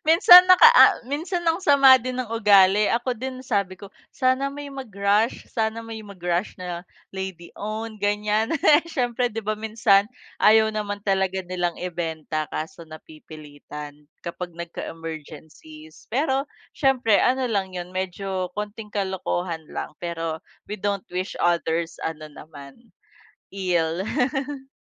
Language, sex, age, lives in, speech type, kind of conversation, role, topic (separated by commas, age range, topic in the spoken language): Filipino, female, 30-34, Philippines, conversation, unstructured, none, Ano ang naramdaman mo nang makamit mo ang isa sa pinakamalalaking pangarap mo?